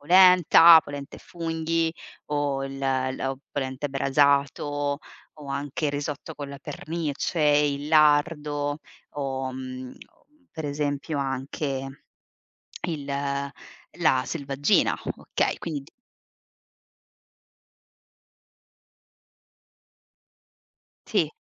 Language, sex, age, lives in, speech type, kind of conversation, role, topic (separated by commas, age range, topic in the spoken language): Italian, female, 30-34, Italy, conversation, unstructured, none, In che modo la cucina tradizionale può contribuire al benessere mentale?